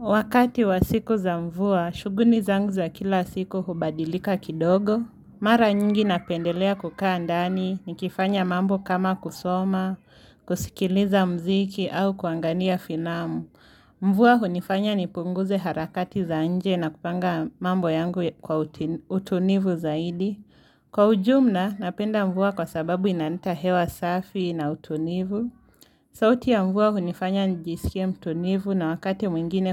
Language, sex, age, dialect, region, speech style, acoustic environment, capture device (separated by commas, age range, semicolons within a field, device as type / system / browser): Swahili, female, 18-24, Kenya - Nairobi Sheng-influenced, Kenya, focused, nature; silence, mobile / Linux / Chrome